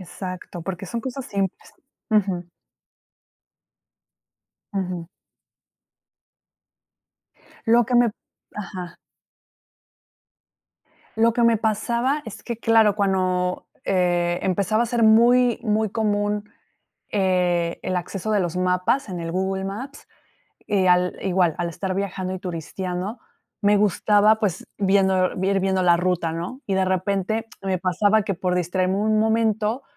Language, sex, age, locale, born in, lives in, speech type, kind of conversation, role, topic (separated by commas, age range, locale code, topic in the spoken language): Spanish, female, 30-34, es-MX, Mexico, Mexico, conversation, podcast, guest, ¿Cómo desconectas del celular y de las redes sociales?